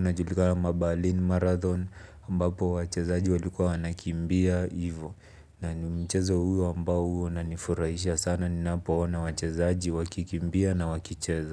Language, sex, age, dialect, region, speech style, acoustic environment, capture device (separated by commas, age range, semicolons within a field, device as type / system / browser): Swahili, male, 25-34, Kenya - Nairobi Sheng-influenced, Kenya, relaxed, silence, mobile / Linux / Chrome